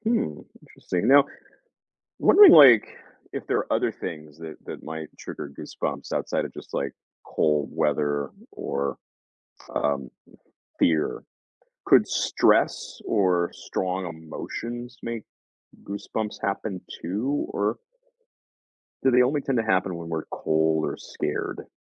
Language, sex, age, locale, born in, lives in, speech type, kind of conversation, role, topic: English, male, 40-44, en-US, United States, United States, read, expert-assistant, asker, Why do people get goosebumps when cold or scared?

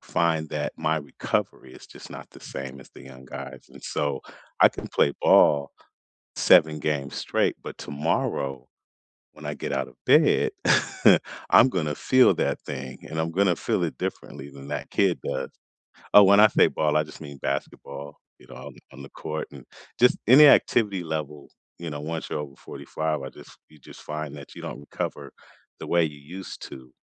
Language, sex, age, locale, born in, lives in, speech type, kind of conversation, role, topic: English, male, 45-49, en-US, United States, United States, conversation, unstructured, none, What is the best way to start a workout routine?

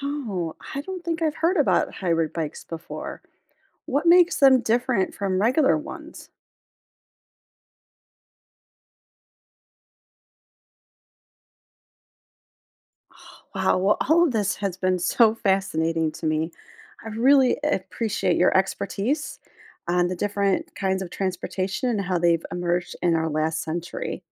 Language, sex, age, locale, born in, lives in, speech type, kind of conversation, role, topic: English, female, 45-49, en-US, United States, United States, read, expert-assistant, asker, What new transportation types emerged in the last century?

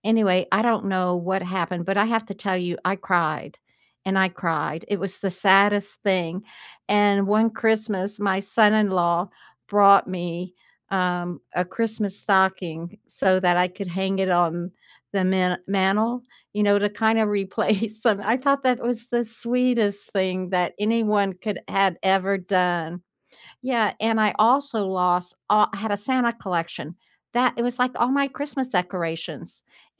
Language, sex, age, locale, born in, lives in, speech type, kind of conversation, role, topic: English, female, 70-74, en-US, United States, United States, conversation, unstructured, none, Which keepsake do you treasure most, and what story does it hold for you?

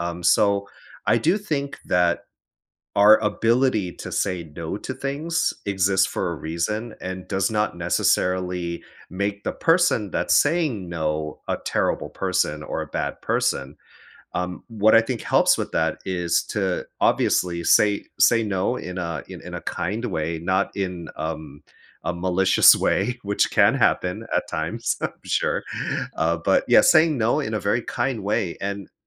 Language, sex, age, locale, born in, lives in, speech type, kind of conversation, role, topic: English, male, 35-39, en-US, United States, United States, conversation, advice, advisor, How can I say no to friends, family, or coworkers without feeling guilty?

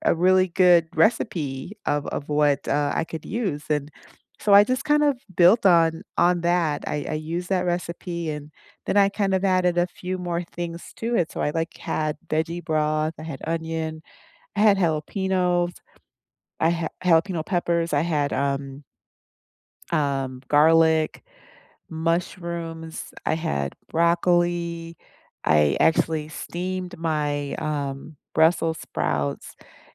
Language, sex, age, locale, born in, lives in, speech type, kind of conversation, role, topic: English, female, 50-54, en-US, United States, United States, conversation, unstructured, none, What’s the best meal you’ve cooked or shared recently, and what made it special to you?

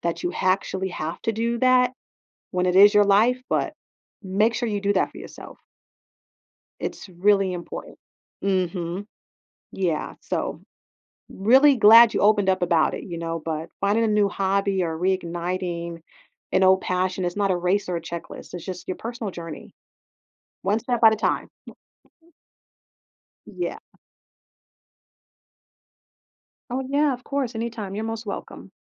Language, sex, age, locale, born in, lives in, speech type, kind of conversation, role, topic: English, female, 30-34, en-US, United States, United States, conversation, advice, advisor, How can I find a new hobby?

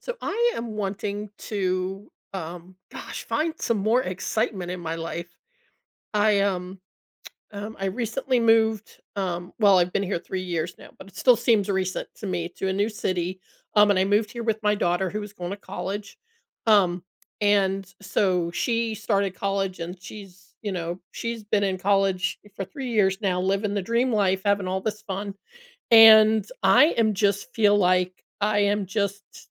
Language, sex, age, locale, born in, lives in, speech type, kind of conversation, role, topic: English, female, 60-64, en-US, United States, United States, conversation, advice, user, How can I make my life more exciting?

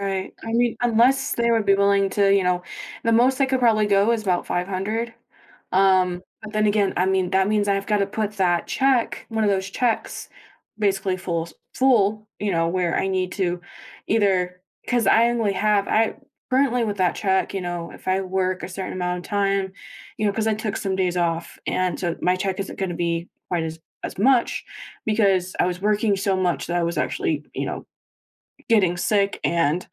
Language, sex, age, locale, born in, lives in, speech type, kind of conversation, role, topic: English, female, 25-29, en-US, United States, United States, conversation, advice, user, How can I manage stress during a busy week?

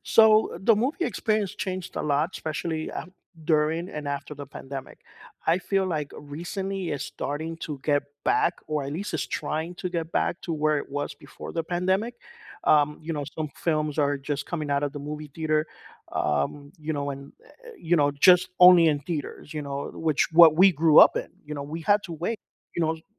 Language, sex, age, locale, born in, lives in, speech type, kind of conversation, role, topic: English, male, 45-49, en-US, United States, United States, conversation, podcast, guest, What role do movies play in building connections between people?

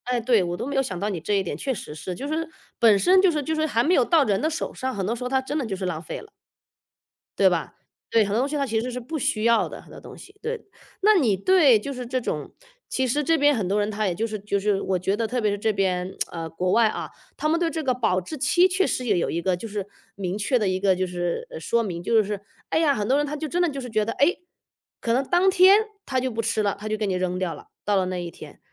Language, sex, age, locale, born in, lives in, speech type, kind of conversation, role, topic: Chinese, female, 35-39, zh-CN, China, United States, conversation, podcast, host, 你有哪些减少食物浪费的实际做法或想法？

